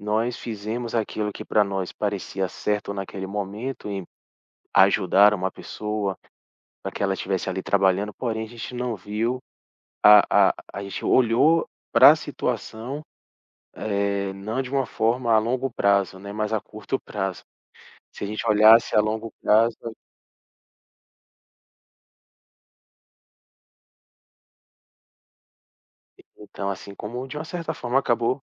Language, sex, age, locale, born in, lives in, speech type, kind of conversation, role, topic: Portuguese, male, 40-44, pt-BR, Brazil, Portugal, conversation, advice, user, Como você tem se sentido após um deslize ou fracasso?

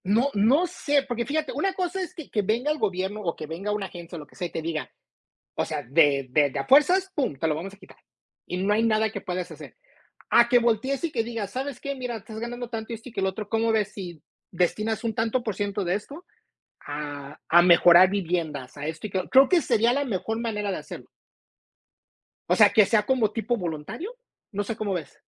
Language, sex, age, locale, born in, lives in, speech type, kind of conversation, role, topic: Spanish, male, 45-49, es-MX, United States, United States, conversation, unstructured, none, ¿Es justo que algunos deportes paguen mejor a sus atletas?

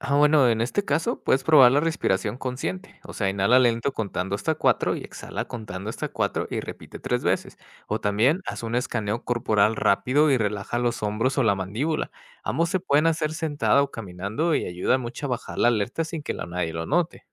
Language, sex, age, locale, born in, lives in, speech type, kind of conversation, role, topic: Spanish, male, 30-34, es-MX, United States, Mexico, read, expert-assistant, expert, ¿Qué cosas de mi niñez todavía me ayudan a enfrentar retos ahora que soy adulto?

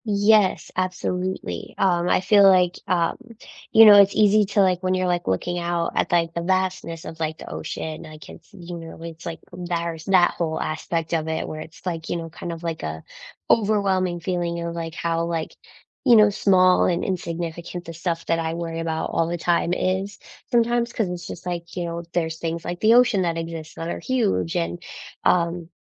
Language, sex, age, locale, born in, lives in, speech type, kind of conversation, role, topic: English, female, 25-29, en-US, United States, United States, conversation, unstructured, none, What mindfulness practices do you enjoy, even for just a minute?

